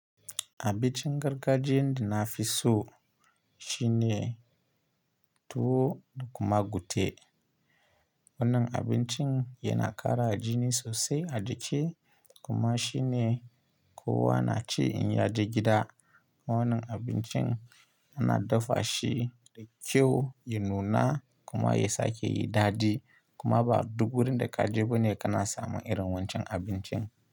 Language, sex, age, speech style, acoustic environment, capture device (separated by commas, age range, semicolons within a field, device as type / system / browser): Hausa, male, 25-34, happy; relaxed, silence; nature, mobile / Linux / Chrome